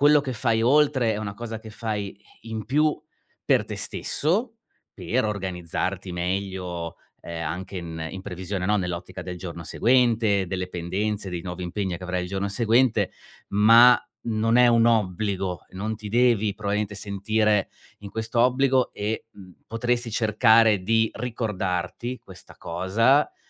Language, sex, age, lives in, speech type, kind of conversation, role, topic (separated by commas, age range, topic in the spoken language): Italian, male, 40-44, Germany, conversation, advice, advisor, Come posso stabilire le priorità tra lavoro, famiglia e tempo libero?